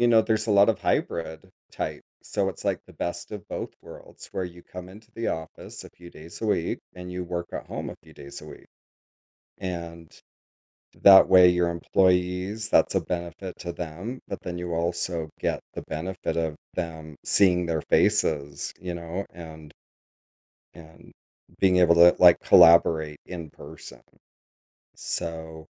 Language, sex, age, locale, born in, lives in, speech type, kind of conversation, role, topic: English, male, 50-54, en-US, United States, United States, conversation, podcast, guest, In what ways has remote work changed the way people build and advance their careers?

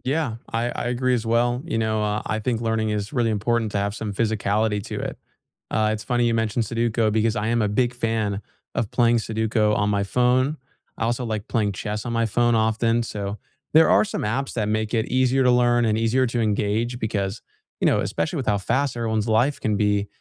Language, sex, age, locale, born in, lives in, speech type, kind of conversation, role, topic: English, male, 20-24, en-US, United States, United States, conversation, unstructured, none, How has technology helped or hindered your education?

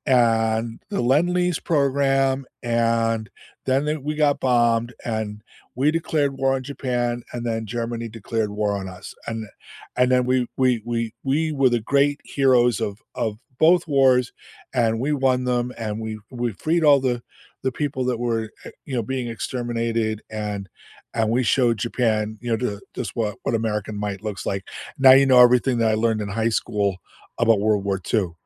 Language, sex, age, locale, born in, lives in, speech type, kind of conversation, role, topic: English, male, 65-69, en-US, United States, United States, conversation, unstructured, none, How do you use podcasts, YouTube, and everyday curiosity to learn outside school?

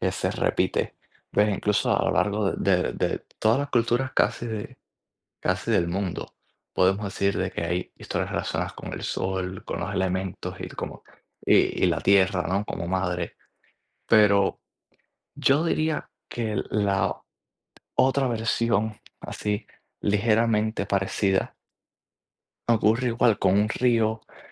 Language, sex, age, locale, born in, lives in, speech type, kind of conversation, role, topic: Spanish, male, 20-24, es-CU, Cuba, United States, conversation, unstructured, none, ¿Qué mito o leyenda de tu cultura recuerdas bien?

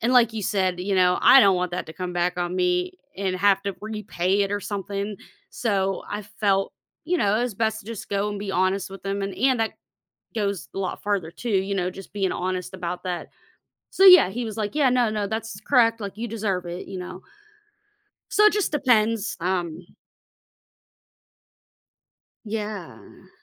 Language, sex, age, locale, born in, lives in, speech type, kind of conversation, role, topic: English, female, 35-39, en-US, United States, United States, conversation, advice, user, How can I confidently ask my manager for a raise or promotion?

